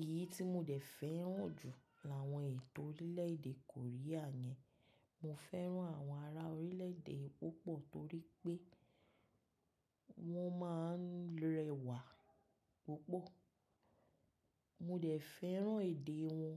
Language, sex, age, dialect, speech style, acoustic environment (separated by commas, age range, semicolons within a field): Yoruba, female, 35-44, Nigeria - Lagos Yoruba, happy; relaxed; excited; focused, silence